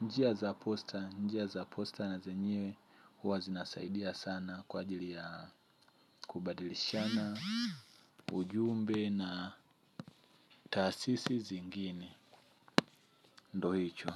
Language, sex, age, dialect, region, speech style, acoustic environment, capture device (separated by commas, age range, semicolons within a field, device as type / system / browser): Swahili, male, 25-34, Tanzania - Dar es Salaam, Tanzania, happy, nature; silence, mobile / Linux / Chrome